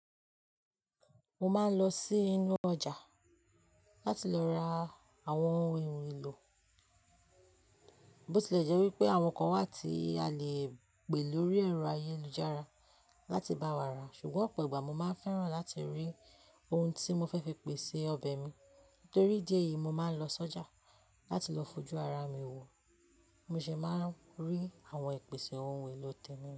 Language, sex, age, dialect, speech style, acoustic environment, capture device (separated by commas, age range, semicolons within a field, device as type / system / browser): Yoruba, female, 25-34, Nigeria - Ibadan, relaxed, people talking, mobile / Linux / Chrome